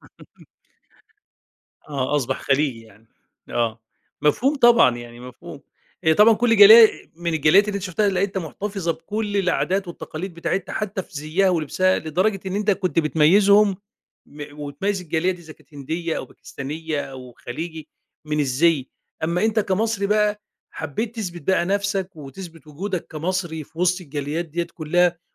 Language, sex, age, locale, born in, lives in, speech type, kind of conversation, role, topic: Arabic, male, 50-54, ar-EG, Egypt, Egypt, conversation, podcast, host, بصراحة، إزاي بتحافظ على تراثك وإنت بعيد عن بلدك؟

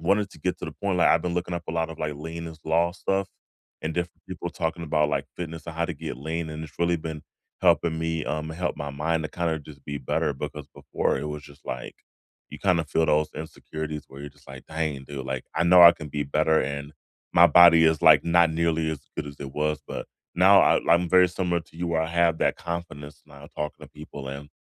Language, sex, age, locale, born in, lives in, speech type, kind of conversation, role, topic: English, male, 35-39, en-US, United States, United States, conversation, unstructured, none, What little daily rituals make your mornings feel right?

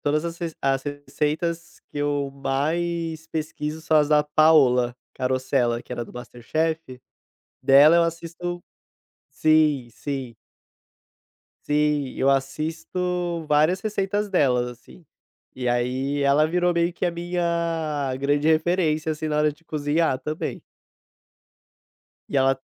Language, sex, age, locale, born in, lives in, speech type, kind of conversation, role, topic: Portuguese, male, 25-29, pt-BR, Brazil, Portugal, conversation, podcast, guest, Como você começou a cozinhar como hobby?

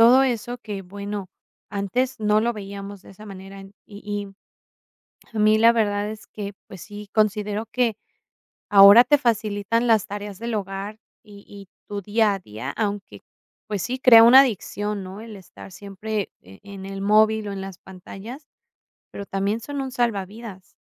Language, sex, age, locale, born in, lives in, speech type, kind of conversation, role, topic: Spanish, female, 35-39, es-MX, Mexico, Mexico, conversation, podcast, guest, ¿Cómo usas el celular en tu día a día?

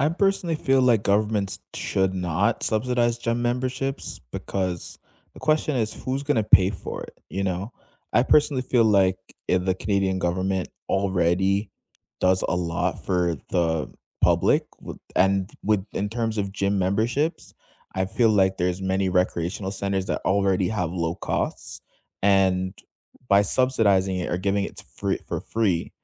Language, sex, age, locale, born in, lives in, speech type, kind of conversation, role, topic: English, male, 20-24, en-CA, Canada, Canada, conversation, unstructured, none, Should governments subsidize gym memberships to improve public health, reduce healthcare costs, and promote equity?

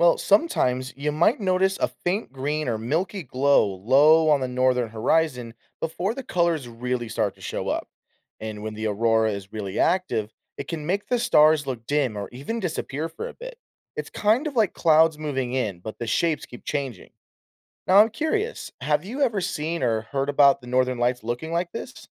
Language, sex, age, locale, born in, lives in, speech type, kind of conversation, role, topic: English, male, 25-29, en-US, United States, United States, read, expert-assistant, expert, What causes the Northern Lights to appear?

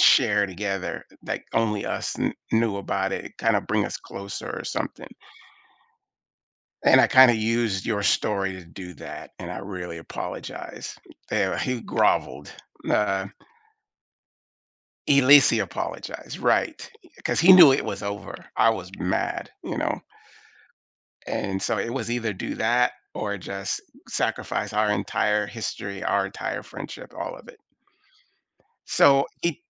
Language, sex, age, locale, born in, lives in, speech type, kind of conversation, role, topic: English, male, 55-59, en-US, United States, United States, conversation, advice, user, How should I handle feeling betrayed by a friend?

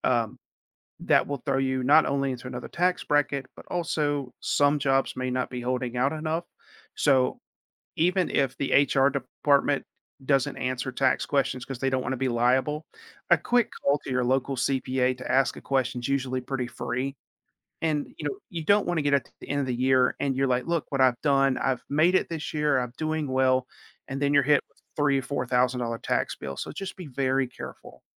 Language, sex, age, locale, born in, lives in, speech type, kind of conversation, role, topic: English, male, 40-44, en-US, United States, United States, conversation, advice, advisor, How can I prepare for job loss?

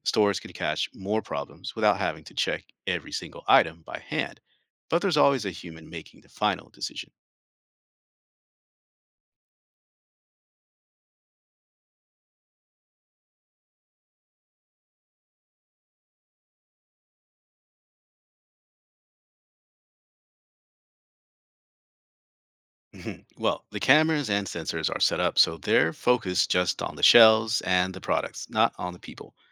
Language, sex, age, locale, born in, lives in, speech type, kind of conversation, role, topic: English, male, 45-49, en-US, United States, United States, read, expert-assistant, expert, How can technology improve food safety in grocery stores and supermarkets?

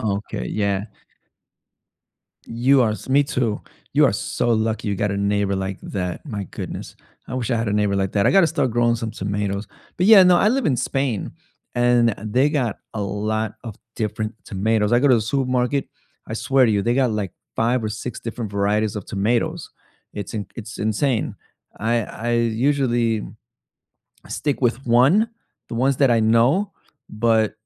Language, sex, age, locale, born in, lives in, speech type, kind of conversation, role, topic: English, male, 35-39, en-US, United States, United States, conversation, unstructured, none, What meal reminds you of home?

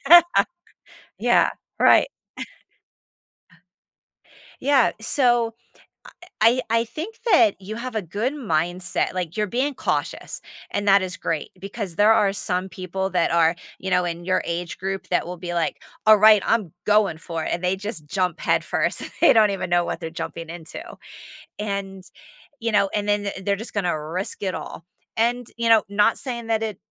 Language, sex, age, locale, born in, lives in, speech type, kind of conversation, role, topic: English, female, 45-49, en-US, United States, United States, conversation, advice, advisor, How can I prioritize my personal goals effectively?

